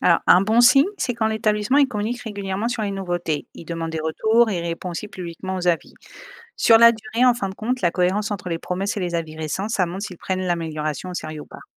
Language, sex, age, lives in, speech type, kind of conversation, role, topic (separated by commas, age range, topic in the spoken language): French, female, 35-39, Spain, read, expert-assistant, expert, Pourquoi certaines vacances à l’hôtel se passent-elles moins bien que prévu, et comment repérer les causes possibles ?